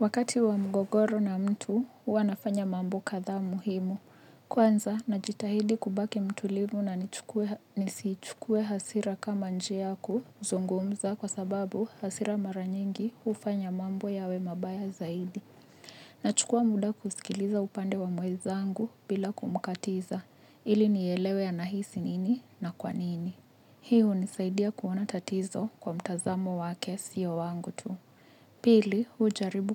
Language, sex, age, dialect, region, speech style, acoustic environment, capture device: Swahili, female, 25-34, Kenya - Nairobi Sheng-influenced, Kenya, happy, nature, mobile / Linux / Chrome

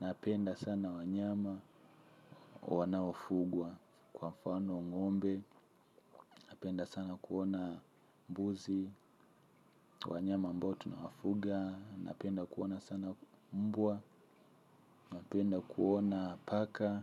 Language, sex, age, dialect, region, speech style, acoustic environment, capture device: Swahili, male, 25-34, Tanzania - Dar es Salaam, Tanzania, happy, silence, mobile / Linux / Chrome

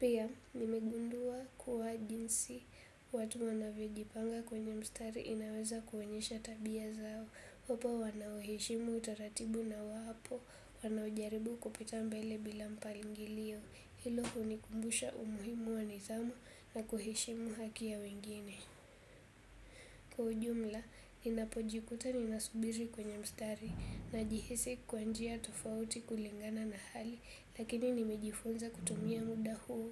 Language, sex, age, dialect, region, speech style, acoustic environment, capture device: Swahili, female, 18-24, Kenya - Nairobi Sheng-influenced, Kenya, relaxed, silence, desktop / Windows / Chrome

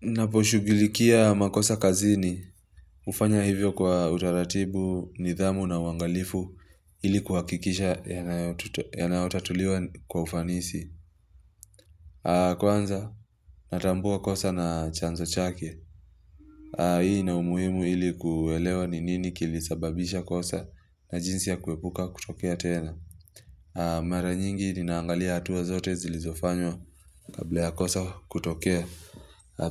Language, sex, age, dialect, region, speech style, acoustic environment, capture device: Swahili, male, 25-34, Kenya - Mombasa, Kenya, relaxed; focused, silence; nature, mobile / Linux / Chrome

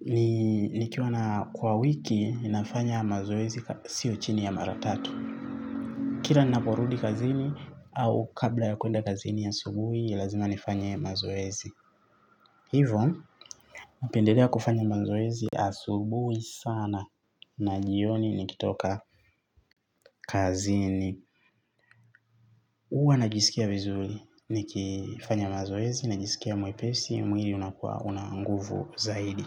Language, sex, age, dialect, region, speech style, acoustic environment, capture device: Swahili, male, 25-34, Tanzania - Dar es Salaam, Tanzania, happy; excited; focused, traffic; silence, mobile / Linux / Chrome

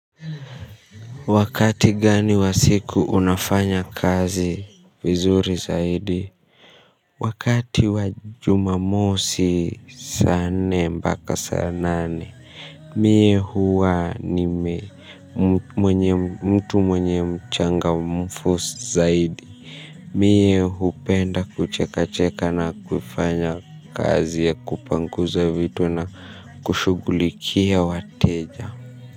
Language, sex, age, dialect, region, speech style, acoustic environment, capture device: Swahili, male, 25-34, Kenya - Nairobi Sheng-influenced, Kenya, tired; nervous; stressed, people talking; music, mobile / Linux / Chrome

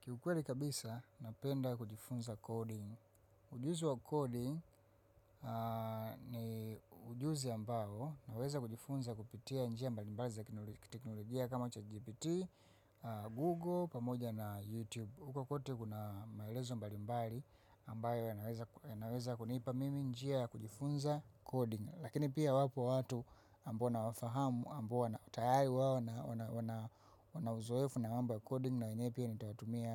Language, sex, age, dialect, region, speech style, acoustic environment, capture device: Swahili, female, 25-34, Tanzania - Dar es Salaam, Tanzania, happy; focused; excited; relaxed, people talking; silence, mobile / macOS / Safari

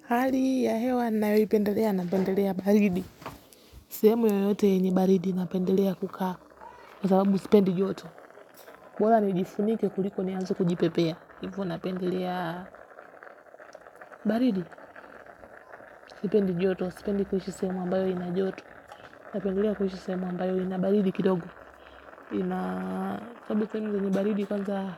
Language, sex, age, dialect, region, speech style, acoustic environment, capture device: Swahili, female, 18-24, Kenya - Nairobi Sheng-influenced, Kenya, focused, nature, mobile / Linux / Chrome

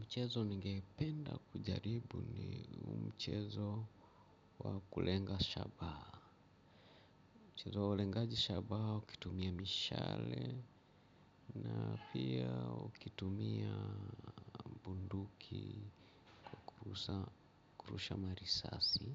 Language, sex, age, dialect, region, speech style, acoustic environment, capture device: Swahili, male, 45-54, Kenya - Mombasa, Kenya, happy; relaxed, appliances, mobile / Linux / Chrome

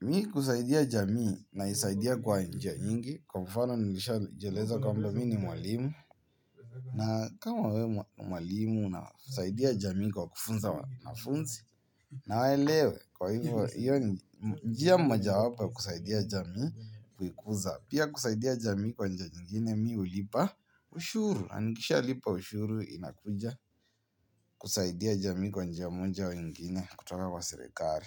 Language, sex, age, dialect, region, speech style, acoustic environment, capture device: Swahili, male, 18-24, Kenya - Nairobi Sheng-influenced, Kenya, happy, people talking, mobile / Linux / Chrome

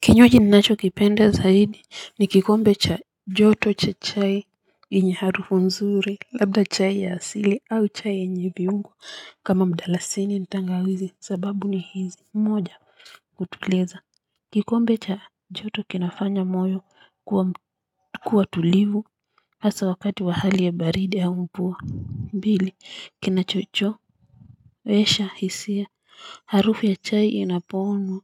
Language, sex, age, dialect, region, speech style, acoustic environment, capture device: Swahili, female, 35-44, Kenya - Nairobi Sheng-influenced, Kenya, relaxed, construction, mobile / Linux / Chrome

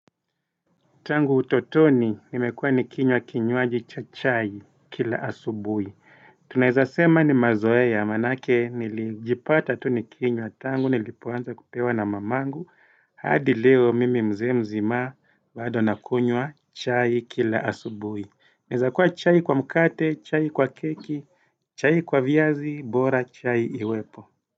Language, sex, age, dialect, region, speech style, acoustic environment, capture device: Swahili, male, 35-44, Kenya - Mombasa, Kenya, happy; relaxed; focused, nature; silence, desktop / Windows / Edge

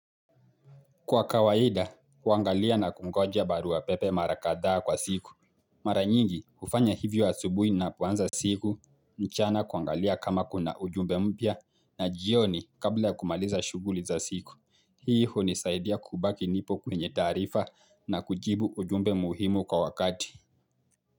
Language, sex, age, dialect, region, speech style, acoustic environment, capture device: Swahili, male, 18-24, Kenya - Mombasa, Kenya, focused, silence, mobile / Linux / Chrome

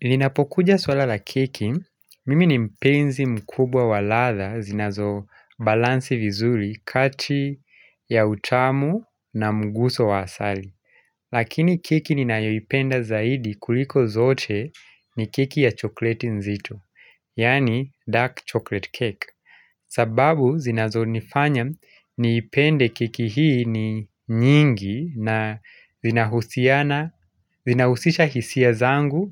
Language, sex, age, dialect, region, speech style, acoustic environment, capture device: Swahili, male, 25-34, Kenya - Nairobi Sheng-influenced, Kenya, relaxed, silence; nature, mobile / Linux / Chrome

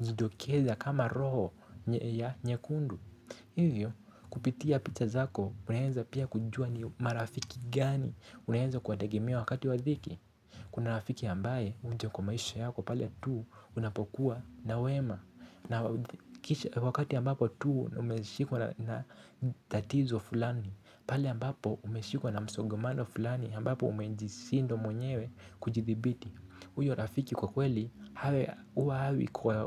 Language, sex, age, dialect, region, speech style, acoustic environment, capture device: Swahili, male, 18-24, Kenya - Nairobi Sheng-influenced, Kenya, anxious; excited; focused, nature; silence, mobile / Linux / Chrome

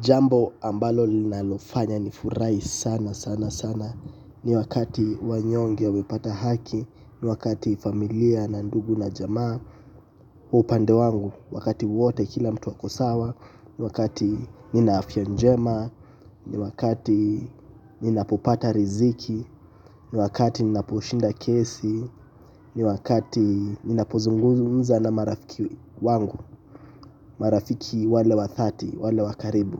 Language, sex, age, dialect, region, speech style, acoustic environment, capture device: Swahili, male, 18-24, Kenya - Mombasa, Kenya, relaxed, nature, mobile / Linux / Chrome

